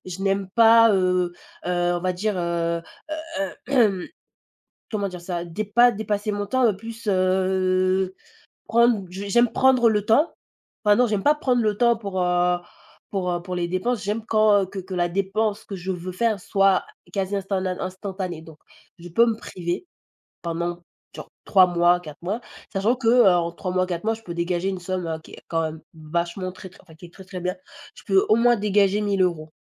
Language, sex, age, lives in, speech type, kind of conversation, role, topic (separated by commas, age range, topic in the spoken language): French, female, 20-24, France, conversation, unstructured, none, Comment peux-tu mieux gérer ton budget ?